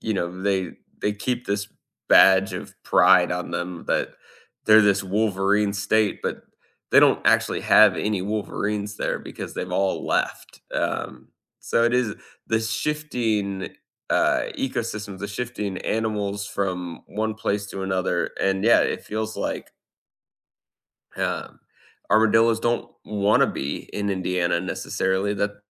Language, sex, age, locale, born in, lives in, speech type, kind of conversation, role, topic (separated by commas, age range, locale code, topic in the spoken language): English, male, 35-39, en-US, United States, United States, conversation, unstructured, none, What impact do you think deforestation has on animals?